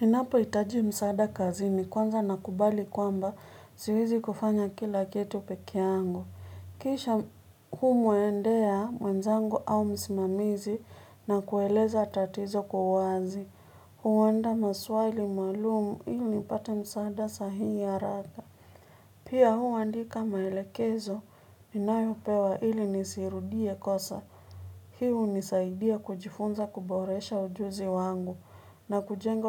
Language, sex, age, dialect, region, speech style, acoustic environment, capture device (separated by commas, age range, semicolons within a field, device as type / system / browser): Swahili, female, 25-34, Kenya - Nairobi Sheng-influenced, Kenya, nervous, people talking, mobile / Linux / Chrome